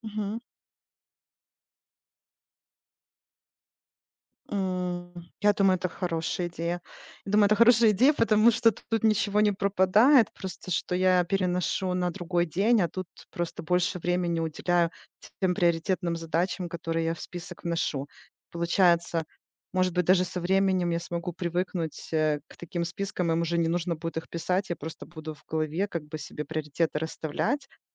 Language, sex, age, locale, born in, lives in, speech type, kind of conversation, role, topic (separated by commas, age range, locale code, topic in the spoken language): Russian, female, 40-44, ru-RU, Ukraine, United States, conversation, advice, user, Как мне лучше сосредоточиться на одной задаче за раз?